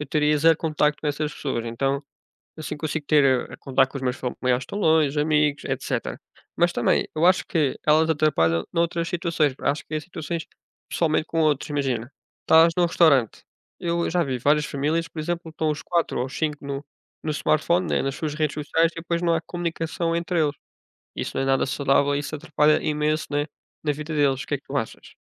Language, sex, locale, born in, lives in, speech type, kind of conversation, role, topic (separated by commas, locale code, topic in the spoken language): Portuguese, male, pt-PT, Portugal, Portugal, conversation, unstructured, none, Você acredita que as redes sociais ajudam ou atrapalham a comunicação?